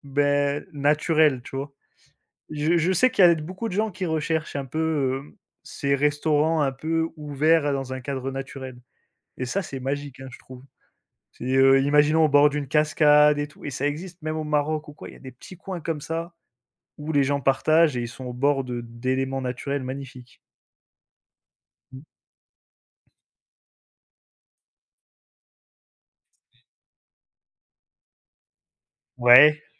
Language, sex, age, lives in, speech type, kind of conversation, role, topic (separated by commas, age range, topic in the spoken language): French, male, 25-29, France, conversation, unstructured, none, Qu’est-ce que tu aimes dans les repas partagés avec d’autres personnes ?